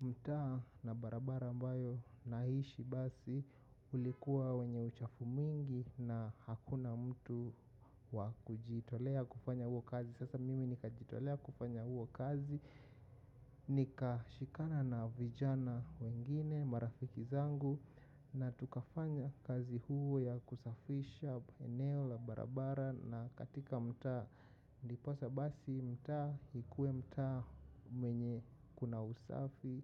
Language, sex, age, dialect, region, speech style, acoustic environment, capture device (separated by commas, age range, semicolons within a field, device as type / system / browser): Swahili, male, 25-34, Kenya - Nairobi Sheng-influenced, Kenya, focused, nature, mobile / macOS / Safari